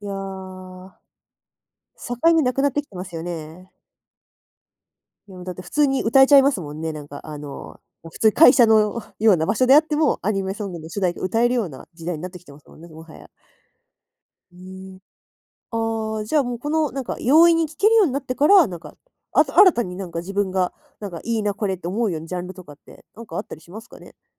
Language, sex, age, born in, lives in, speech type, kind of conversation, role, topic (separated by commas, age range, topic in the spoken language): Japanese, female, 20-24, Japan, Japan, conversation, podcast, host, 最近、音楽の好みが変わったと感じますか？